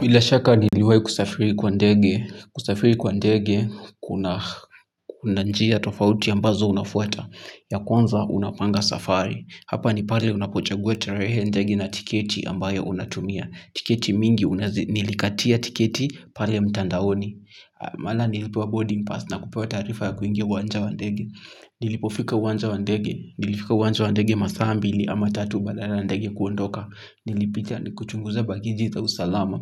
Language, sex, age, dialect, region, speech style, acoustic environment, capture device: Swahili, male, 18-24, Kenya - Kiambu, Kenya, happy, silence, mobile / Linux / Chrome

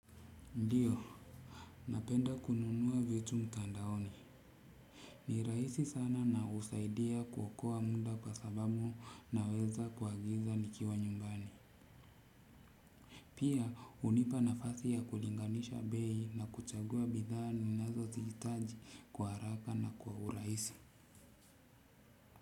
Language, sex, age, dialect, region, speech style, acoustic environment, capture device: Swahili, male, 35-44, Kenya - Mombasa, Kenya, relaxed; focused, silence, desktop / Windows / Chrome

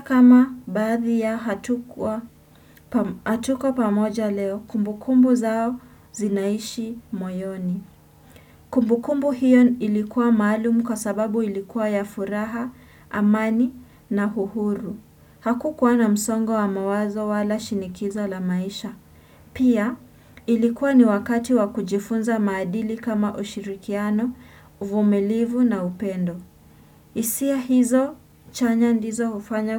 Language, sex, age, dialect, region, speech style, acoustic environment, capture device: Swahili, female, 18-24, Kenya - Nairobi Sheng-influenced, Kenya, anxious; focused; relaxed, nature, desktop / Windows / Chrome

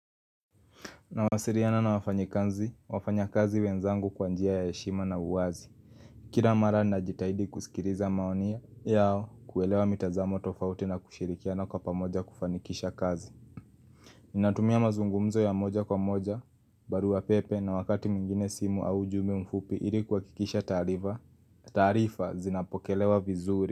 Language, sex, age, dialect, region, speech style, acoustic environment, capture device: Swahili, male, 18-24, Kenya - Nairobi Sheng-influenced, Kenya, happy; nervous; relaxed; focused, people talking; traffic; appliances; music, mobile / Linux / Chrome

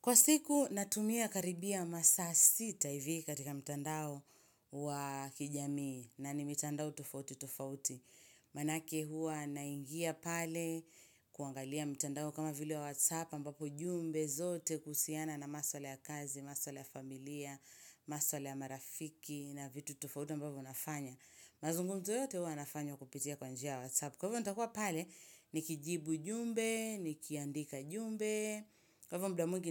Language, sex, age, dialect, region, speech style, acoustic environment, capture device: Swahili, female, 25-34, Kenya - Mombasa, Kenya, relaxed, silence, mobile / Linux / Chrome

